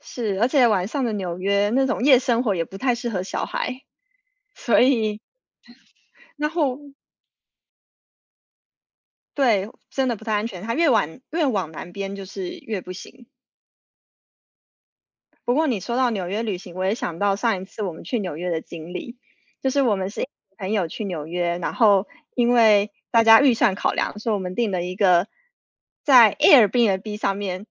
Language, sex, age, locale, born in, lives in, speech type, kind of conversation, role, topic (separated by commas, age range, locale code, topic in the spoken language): Chinese, female, 30-34, zh-TW, United States, United States, conversation, unstructured, none, 你觉得什么样的旅行最让人难忘？